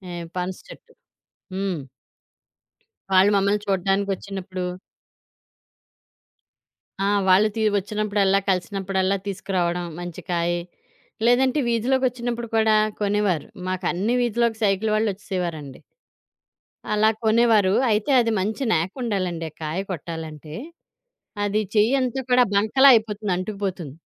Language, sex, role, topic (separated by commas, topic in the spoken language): Telugu, female, guest, రుచులు మీ కుటుంబ చరిత్రను ఎలా వెల్లడిస్తాయి?